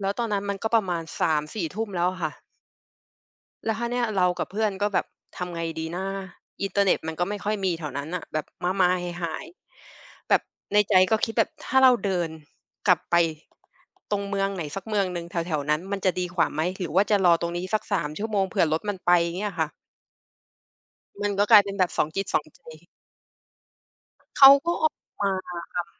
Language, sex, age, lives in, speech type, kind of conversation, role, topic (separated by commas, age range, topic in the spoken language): Thai, female, 30-34, Thailand, conversation, podcast, guest, มีเรื่องตลกหรือเรื่องพลาดระหว่างเดินทางที่ยังจำได้ไหม?